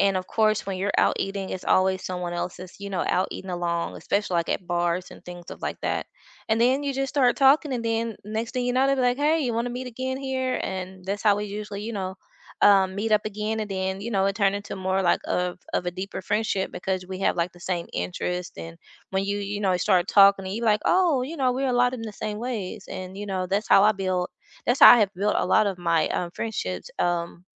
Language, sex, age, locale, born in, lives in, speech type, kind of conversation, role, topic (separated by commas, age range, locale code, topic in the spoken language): English, female, 35-39, en-US, United States, United States, conversation, unstructured, none, How do you make new friends as an adult in low-pressure, genuine ways?